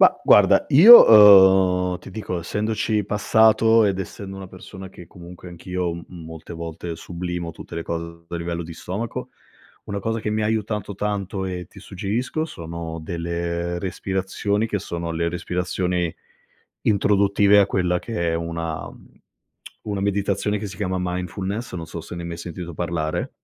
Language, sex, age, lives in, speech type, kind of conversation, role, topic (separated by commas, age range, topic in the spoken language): Italian, male, 35-39, Italy, conversation, advice, advisor, Come posso gestire l’insonnia legata all’ansia e ai pensieri ricorrenti prima di addormentarmi?